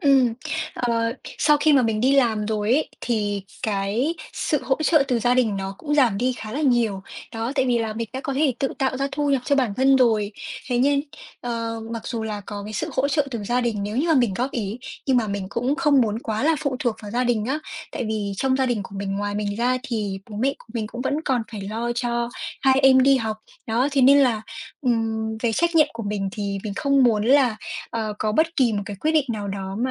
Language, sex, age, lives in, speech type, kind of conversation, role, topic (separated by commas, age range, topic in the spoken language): Vietnamese, female, 20-24, Vietnam, conversation, advice, user, Nên nghỉ việc để khởi nghiệp hay tiếp tục gắn bó với công việc hiện tại ổn định?